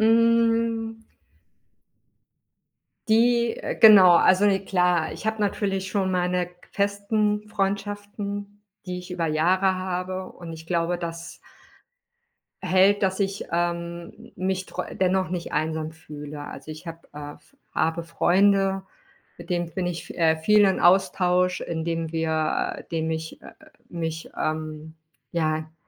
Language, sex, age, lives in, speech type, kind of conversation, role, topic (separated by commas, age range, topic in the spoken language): German, female, 40-44, Spain, conversation, podcast, guest, Wie gehst du unterwegs mit Einsamkeit um?